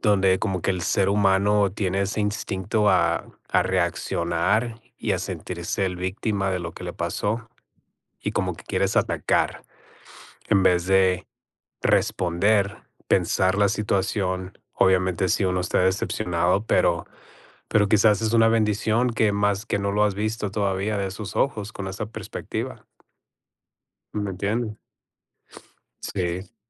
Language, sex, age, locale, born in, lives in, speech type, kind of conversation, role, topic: Spanish, male, 40-44, es-MX, United States, United States, conversation, unstructured, none, ¿Qué haces cuando un amigo te decepciona?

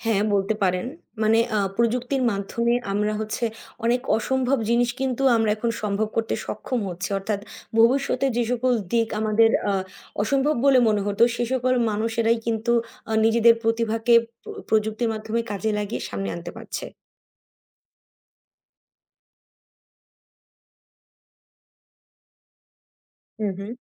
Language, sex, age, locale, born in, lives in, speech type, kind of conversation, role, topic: Bengali, female, 20-24, bn-BD, Bangladesh, Bangladesh, conversation, unstructured, none, আপনি কি প্রযুক্তির সাহায্যে আপনার সৃজনশীলতা বাড়াতে পেরেছেন?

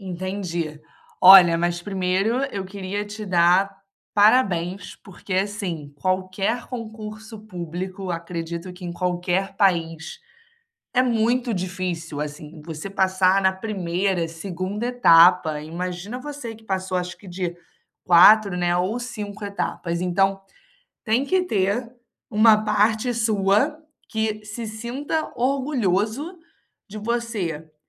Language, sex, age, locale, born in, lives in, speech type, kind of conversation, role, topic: Portuguese, female, 25-29, pt-BR, Brazil, Spain, conversation, advice, advisor, Como posso recuperar a minha confiança depois de um revés?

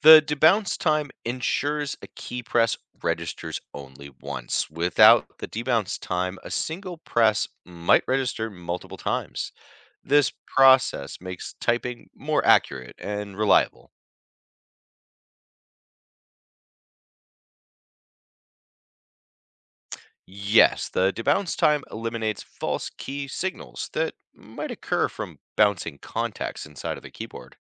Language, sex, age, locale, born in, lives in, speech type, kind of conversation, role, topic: English, male, 35-39, en-US, United States, United States, read, expert-assistant, expert, How does a mechanical keyboard register keystrokes?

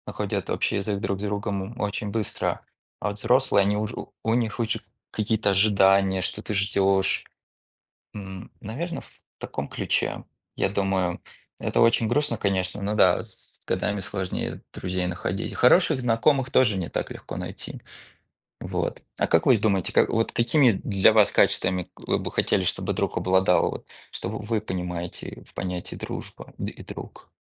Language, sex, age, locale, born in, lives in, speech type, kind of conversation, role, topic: Russian, male, 30-34, ru-RU, Russia, United States, conversation, unstructured, none, Что для тебя значит слово «дружба»?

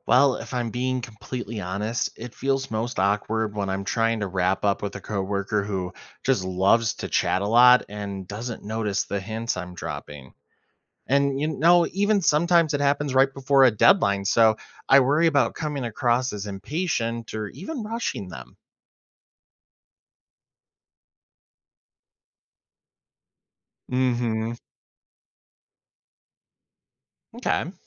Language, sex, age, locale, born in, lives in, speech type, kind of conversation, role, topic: English, male, 25-29, en-US, United States, United States, read, expert-assistant, asker, How can you end a conversation gracefully without being rude?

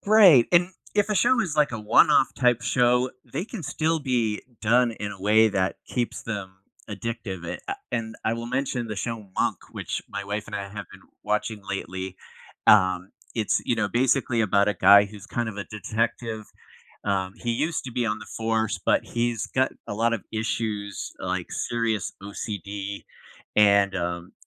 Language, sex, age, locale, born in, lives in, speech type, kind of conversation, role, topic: English, male, 55-59, en-US, United States, United States, conversation, podcast, guest, What draws people in and keeps them watching a TV show episode after episode?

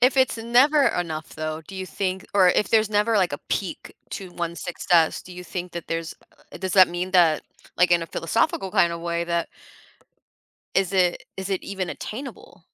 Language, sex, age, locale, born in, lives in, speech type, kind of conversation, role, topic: English, female, 25-29, en-US, United States, United States, conversation, podcast, host, How do our personal values shape what we consider true success?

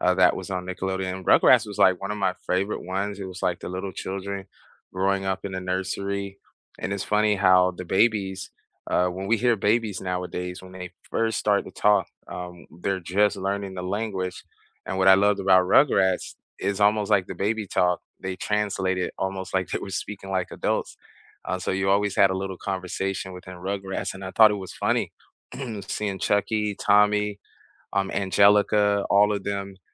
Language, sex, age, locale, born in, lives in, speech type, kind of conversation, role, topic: English, male, 40-44, en-US, United States, United States, conversation, unstructured, none, What cartoons from your childhood still make you laugh?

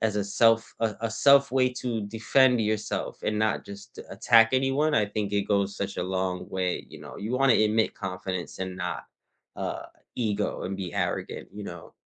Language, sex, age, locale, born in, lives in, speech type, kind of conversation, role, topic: English, male, 30-34, en-US, United States, United States, conversation, unstructured, none, What is one way your approach to learning has changed over time?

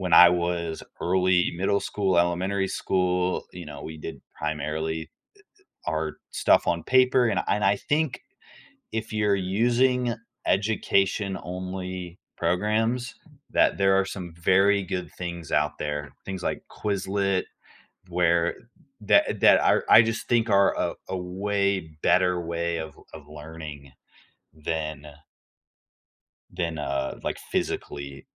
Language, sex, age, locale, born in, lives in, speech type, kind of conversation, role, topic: English, male, 18-19, en-US, United States, United States, conversation, podcast, guest, In what ways is technology transforming the way we teach and learn?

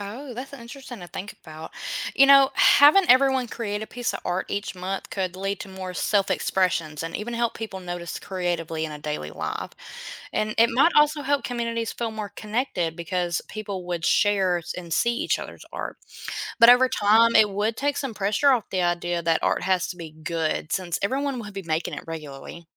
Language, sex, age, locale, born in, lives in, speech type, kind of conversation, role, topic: English, female, 25-29, en-US, United States, United States, read, expert-assistant, expert, Imagine if every person had to create a piece of art each month.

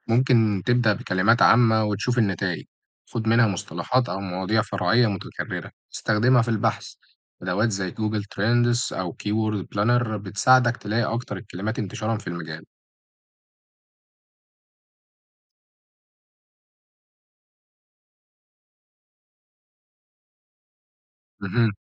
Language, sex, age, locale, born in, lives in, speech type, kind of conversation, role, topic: Arabic, male, 18-19, ar-EG, Egypt, Egypt, read, expert-assistant, expert, لو عايز أبحث عن موضوع معين بعمق على الإنترنت، إيه هي الاستراتيجيات اللي تخليني أوصل لمصادر موثوقة ومحتوى غني مش بس نتائج سطحية؟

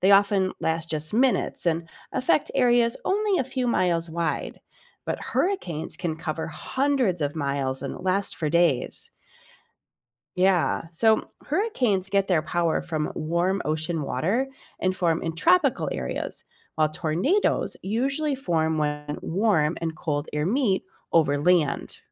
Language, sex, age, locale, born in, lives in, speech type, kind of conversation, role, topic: English, female, 45-49, en-US, United States, United States, read, expert-assistant, expert, What makes a tornado different from a hurricane?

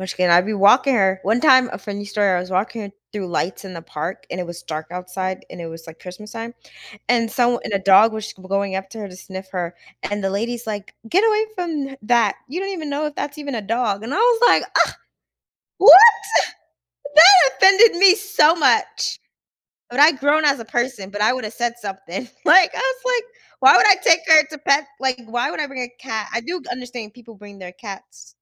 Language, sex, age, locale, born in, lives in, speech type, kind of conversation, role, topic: English, female, 30-34, en-US, United States, United States, conversation, advice, user, How can I better balance my work and personal life?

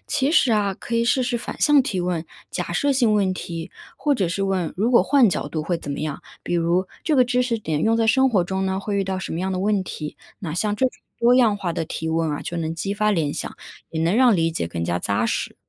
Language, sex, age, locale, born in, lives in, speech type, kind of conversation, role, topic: Chinese, female, 30-34, zh-CN, China, United States, read, expert-assistant, expert, 在上下班路上，有没有什么有趣的语言小练习可以做？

